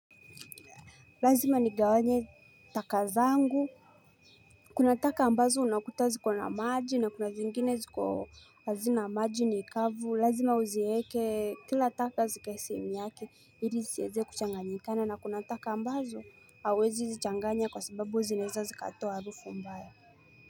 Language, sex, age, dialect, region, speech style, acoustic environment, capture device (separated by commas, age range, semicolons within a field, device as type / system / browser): Swahili, female, 18-24, Kenya - Mombasa, Kenya, relaxed, nature; silence; music; people talking, mobile / Linux / Chrome